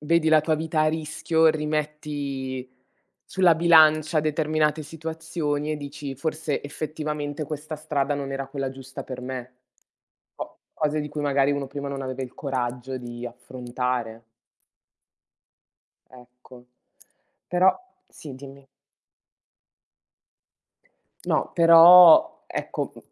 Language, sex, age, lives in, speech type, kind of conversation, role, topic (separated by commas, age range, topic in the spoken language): Italian, female, 30-34, Italy, conversation, unstructured, none, Credi che le persone possano davvero cambiare?